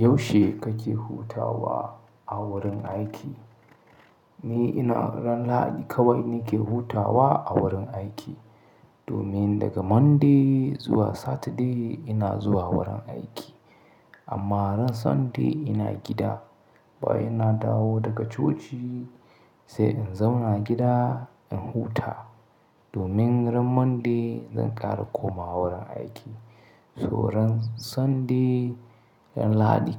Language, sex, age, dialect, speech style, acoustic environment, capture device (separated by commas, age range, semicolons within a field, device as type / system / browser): Hausa, male, 25-34, Nigeria - Katsina, excited; happy, silence, mobile / Linux / Chrome